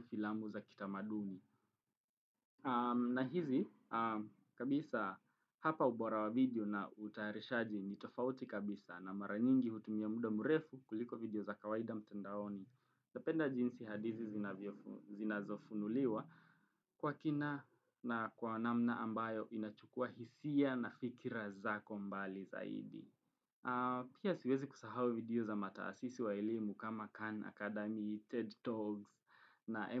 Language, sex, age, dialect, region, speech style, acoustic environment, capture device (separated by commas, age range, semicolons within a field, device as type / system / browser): Swahili, male, 18-24, Kenya - Nairobi Sheng-influenced, Kenya, relaxed; happy, traffic, desktop / Windows / Chrome